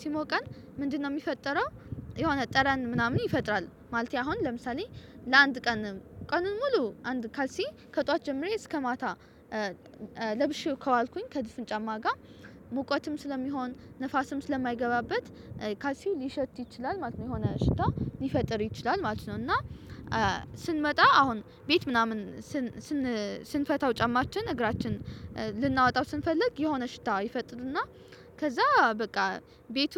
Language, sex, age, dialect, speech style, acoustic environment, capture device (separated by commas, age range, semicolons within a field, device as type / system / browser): Amharic, female, 18-24, Ethiopia - Addis Ababa, relaxed, nature; silence, mobile / Linux / Chrome